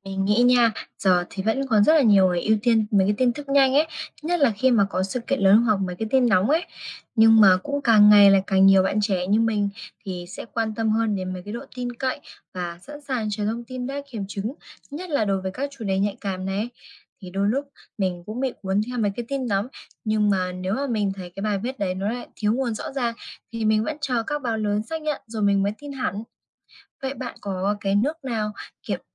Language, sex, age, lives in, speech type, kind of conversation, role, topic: Vietnamese, female, 20-24, Vietnam, read, expert-assistant, asker, Chất lượng báo mạng hiện nay giảm sút vì đâu và làm sao để nhận biết tin chính xác?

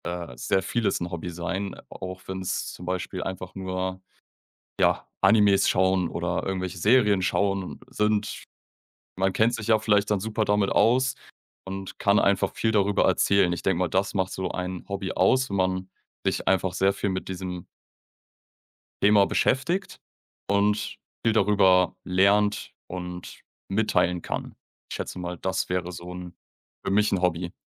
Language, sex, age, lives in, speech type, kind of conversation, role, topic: German, male, 25-29, Germany, conversation, podcast, guest, Was macht für dich ein erfüllendes Hobby aus?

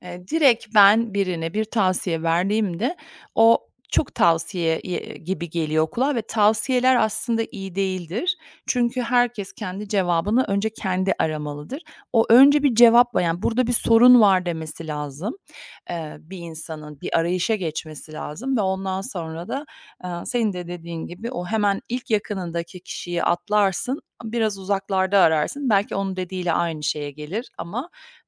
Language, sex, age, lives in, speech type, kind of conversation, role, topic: Turkish, female, 45-49, United States, conversation, podcast, guest, Yaratıcı tıkanıklık yaşadığında bunu nasıl aşıyorsun?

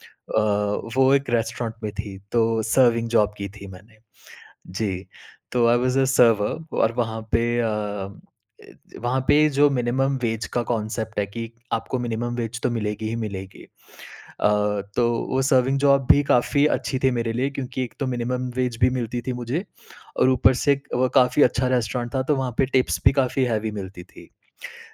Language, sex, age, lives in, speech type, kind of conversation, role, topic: Hindi, male, 30-34, India, conversation, podcast, guest, Kab tumne ghar chhoda aur tumhe kaisa laga?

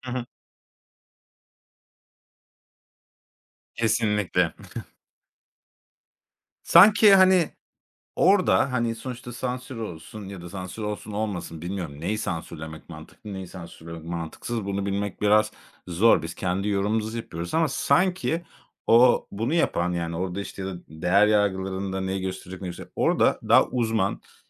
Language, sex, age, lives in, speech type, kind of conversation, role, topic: Turkish, male, 35-39, Spain, conversation, podcast, host, Dizilerde temsil ve çeşitlilik neden önemlidir, neyi değiştirir?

